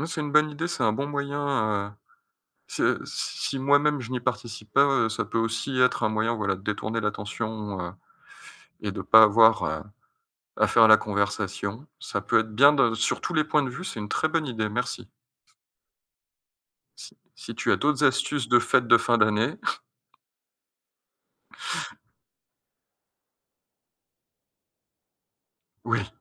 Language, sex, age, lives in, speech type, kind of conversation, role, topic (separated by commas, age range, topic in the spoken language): French, male, 35-39, France, conversation, advice, user, Comment puis-je me rapprocher des autres pendant les fêtes quand je me sens déconnecté ?